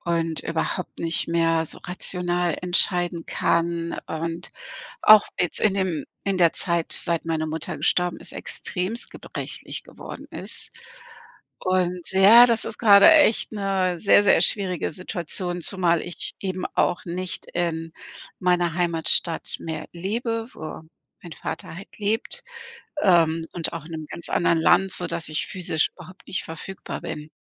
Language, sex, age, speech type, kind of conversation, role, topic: German, female, 55-59, conversation, advice, user, Wie gehst du mit pflegebedürftigen Eltern um und triffst dabei schwierige Entscheidungen?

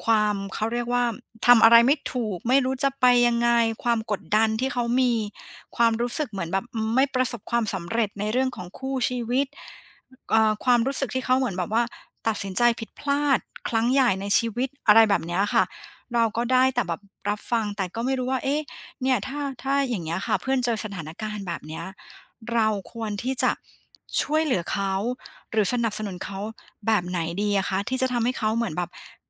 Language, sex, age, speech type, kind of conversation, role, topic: Thai, female, 40-44, conversation, advice, user, ฉันจะสนับสนุนคนใกล้ชิดอย่างไรเมื่อเขากำลังเผชิญการเปลี่ยนแปลงครั้งใหญ่?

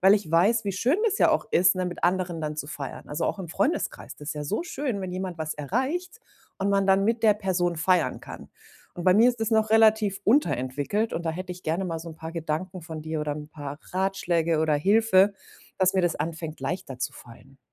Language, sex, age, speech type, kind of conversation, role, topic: German, female, 40-44, conversation, advice, user, Wie kann ich meine Erfolge intern kommunizieren, ohne zu prahlen?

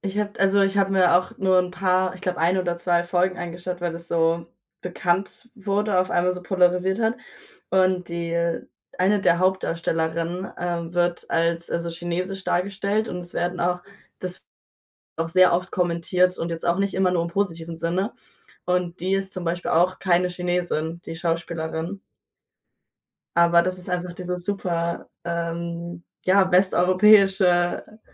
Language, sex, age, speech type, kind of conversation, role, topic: German, female, 20-24, conversation, unstructured, none, Was war der letzte Film, den du gesehen hast?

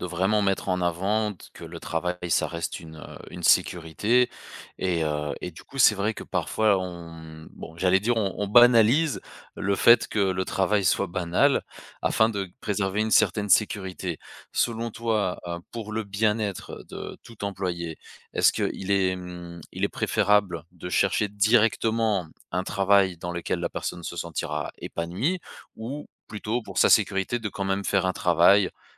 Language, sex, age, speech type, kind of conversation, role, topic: French, male, 35-39, conversation, podcast, host, Comment trouves-tu du sens à un travail répétitif ?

